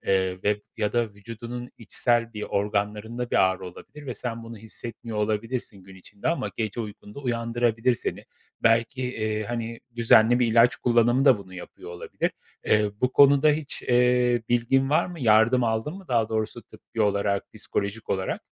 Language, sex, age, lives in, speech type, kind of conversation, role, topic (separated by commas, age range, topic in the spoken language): Turkish, male, 35-39, Poland, conversation, advice, advisor, Neden sürekli uyuyamıyorum ve sabahları neden bitkin uyanıyorum?